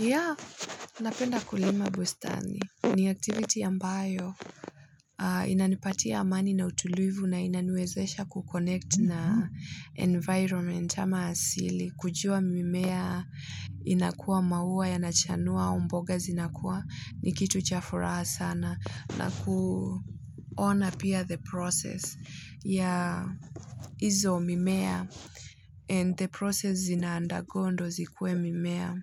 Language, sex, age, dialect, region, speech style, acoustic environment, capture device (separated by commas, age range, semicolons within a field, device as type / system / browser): Swahili, female, 25-34, Kenya - Nairobi Sheng-influenced, Kenya, happy; excited; focused; nervous; anxious, people talking; nature; silence; music, mobile / Linux / Chrome